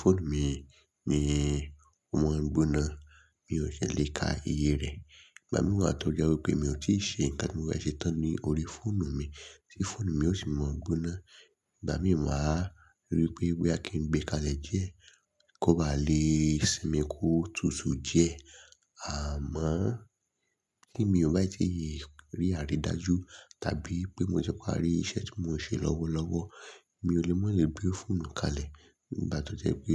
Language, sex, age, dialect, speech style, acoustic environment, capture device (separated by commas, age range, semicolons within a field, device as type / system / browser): Yoruba, male, 25-34, Nigeria - Lagos Yoruba, relaxed; excited; nervous, people talking; silence; nature; traffic, mobile / Linux / Chrome